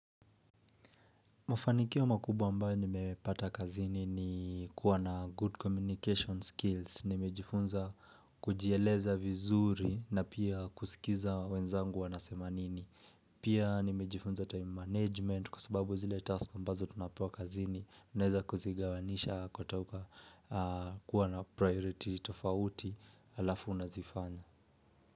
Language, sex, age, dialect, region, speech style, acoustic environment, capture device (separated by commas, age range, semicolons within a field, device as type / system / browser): Swahili, male, 25-34, Kenya - Nairobi Sheng-influenced, Kenya, relaxed, silence, mobile / Linux / Edge